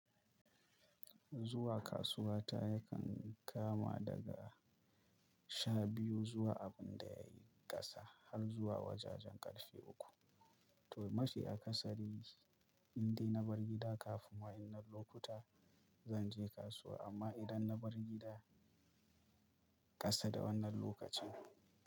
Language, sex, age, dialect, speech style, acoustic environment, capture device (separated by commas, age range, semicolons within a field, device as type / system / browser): Hausa, male, 25-34, Nigeria - Kano, happy, silence, mobile / Linux / Chrome